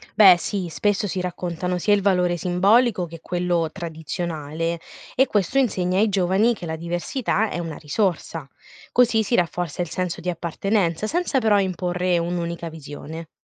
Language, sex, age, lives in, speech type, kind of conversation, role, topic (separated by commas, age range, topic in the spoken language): Italian, female, 20-24, Italy, read, expert-assistant, expert, Come facciamo a capire se una storia famosa sulle origini di una religione è davvero accaduta o è stata cambiata nel tempo?